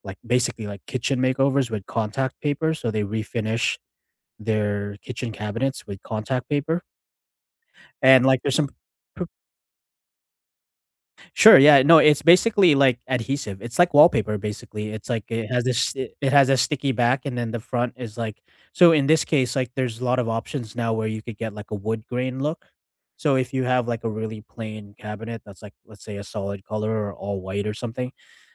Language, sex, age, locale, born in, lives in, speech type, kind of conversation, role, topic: English, male, 40-44, en-CA, Canada, Canada, conversation, unstructured, none, How do you decide what to do yourself and when to call a professional?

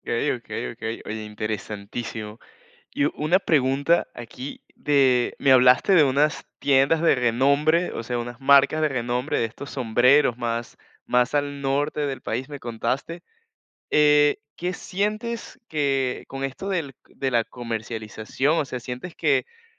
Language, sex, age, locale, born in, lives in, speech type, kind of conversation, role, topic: Spanish, male, 20-24, es-VE, Venezuela, Portugal, conversation, podcast, host, ¿Qué prendas te conectan con tus raíces?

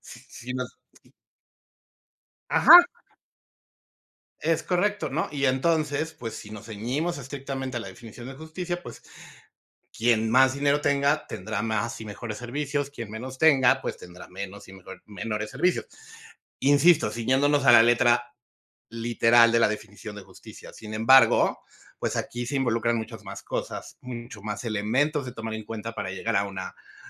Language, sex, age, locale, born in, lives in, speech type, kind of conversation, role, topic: Spanish, male, 45-49, es-MX, Mexico, Mexico, conversation, unstructured, none, ¿Es justo que algunos barrios tengan más servicios que otros?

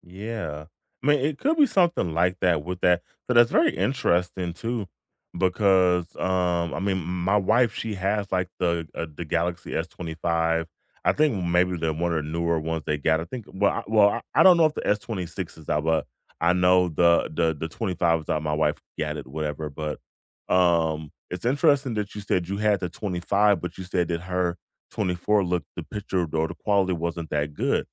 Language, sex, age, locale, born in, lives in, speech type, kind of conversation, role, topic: English, male, 35-39, en-US, United States, United States, conversation, unstructured, none, What surprised you most when you switched between iOS and Android?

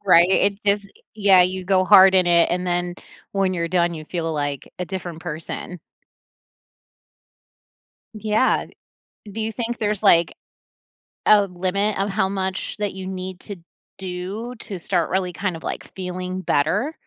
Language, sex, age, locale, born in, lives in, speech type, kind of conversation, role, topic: English, female, 40-44, en-US, United States, United States, conversation, podcast, host, In what ways does regular physical activity support emotional and mental well-being?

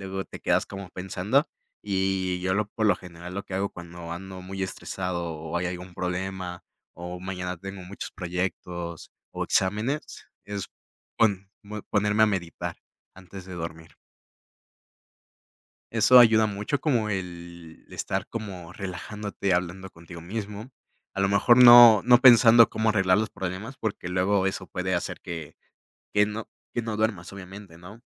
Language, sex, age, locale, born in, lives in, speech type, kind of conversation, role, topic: Spanish, male, 20-24, es-MX, Mexico, Mexico, conversation, podcast, guest, ¿Cómo afecta el sueño a tu bienestar físico y mental?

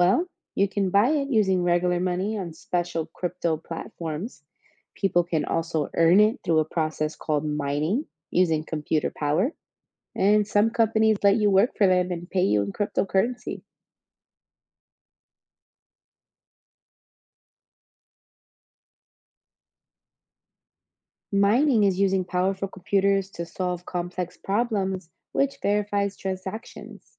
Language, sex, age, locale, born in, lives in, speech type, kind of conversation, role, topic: English, female, 25-29, en-US, United States, United States, read, expert-assistant, expert, Can you explain the basics of cryptocurrency?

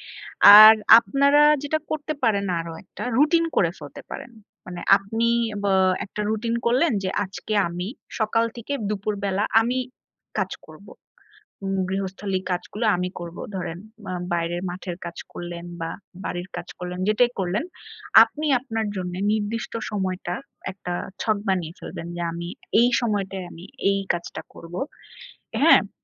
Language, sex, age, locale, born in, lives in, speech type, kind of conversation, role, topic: Bengali, female, 30-34, bn-BD, Bangladesh, Bangladesh, conversation, advice, advisor, গৃহস্থালির কাজ ভাগাভাগি নিয়ে আপনার বাড়িতে কী ধরনের টানাপোড়েন হচ্ছে?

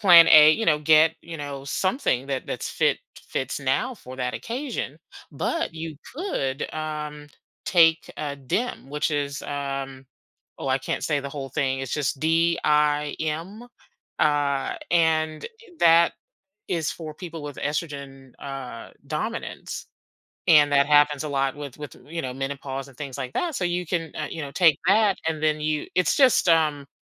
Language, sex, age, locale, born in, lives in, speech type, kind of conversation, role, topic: English, female, 50-54, en-US, United States, United States, conversation, advice, advisor, How can I build confidence and feel more comfortable in social situations?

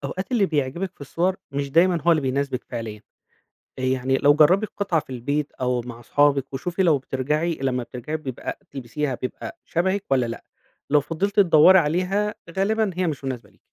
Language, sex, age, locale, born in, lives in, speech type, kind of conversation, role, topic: Arabic, male, 35-39, ar-EG, Egypt, Egypt, read, expert-assistant, expert, إيه أنسب طريقة ممكن الواحد يتبعها عشان يكوّن ستايل شخصي يناسبه ويعبّر عنه فعلاً؟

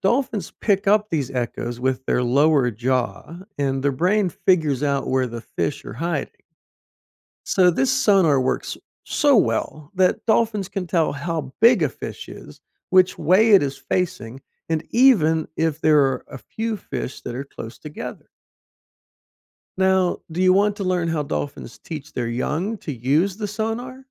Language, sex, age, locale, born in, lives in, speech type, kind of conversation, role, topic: English, male, 50-54, en-US, United States, United States, read, expert-assistant, expert, How do dolphins communicate and cooperate in the ocean?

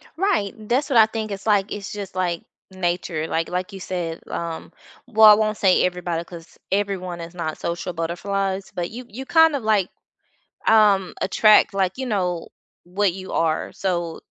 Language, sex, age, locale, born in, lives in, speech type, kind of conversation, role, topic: English, female, 35-39, en-US, United States, United States, conversation, unstructured, none, How do you make new friends as an adult in low-pressure, genuine ways?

